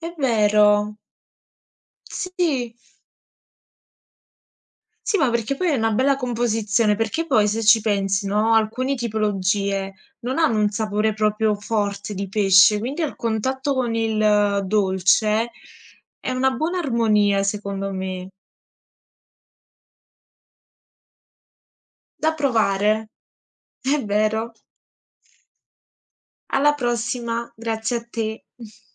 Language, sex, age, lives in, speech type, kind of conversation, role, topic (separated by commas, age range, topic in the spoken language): Italian, female, 20-24, Italy, conversation, unstructured, none, Qual è il cibo più strano che hai mai provato?